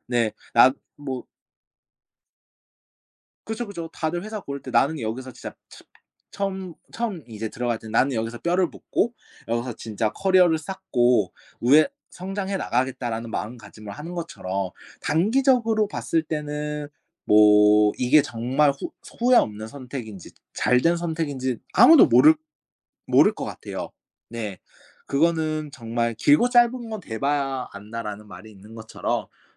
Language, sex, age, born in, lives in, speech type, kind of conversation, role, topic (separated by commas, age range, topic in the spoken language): Korean, male, 25-29, South Korea, Japan, conversation, podcast, guest, 후회 없는 선택을 하려면 무엇이 필요할까요?